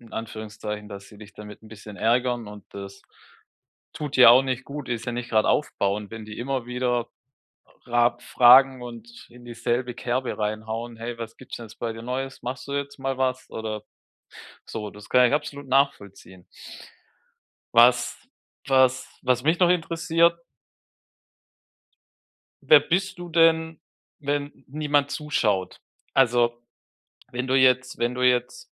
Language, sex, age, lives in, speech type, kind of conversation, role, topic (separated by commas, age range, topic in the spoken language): German, male, 35-39, Germany, conversation, advice, advisor, Wie kann ich Anerkennung bekommen, ohne dass meine Leistung meine Persönlichkeit bestimmt?